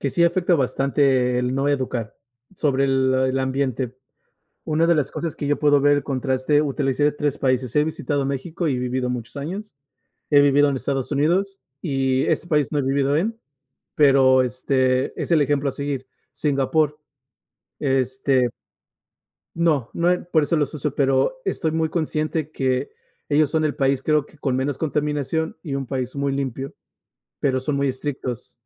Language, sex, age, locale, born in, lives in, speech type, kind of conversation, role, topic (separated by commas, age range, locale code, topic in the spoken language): Spanish, male, 35-39, es-MX, United States, United States, conversation, unstructured, none, ¿El cambio climático es responsabilidad de todos o solo de algunos?